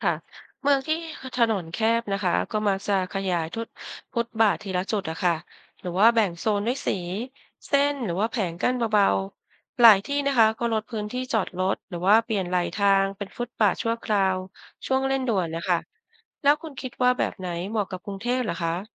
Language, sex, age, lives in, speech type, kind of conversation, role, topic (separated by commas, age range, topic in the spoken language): Thai, female, 50-54, Thailand, read, expert-assistant, expert, ถ้าอยากให้คนอยากเดินหรือปั่นจักรยานในเมืองมากขึ้น มีวิธีจัดการเมืองแบบไหนที่น่าสนใจบ้าง?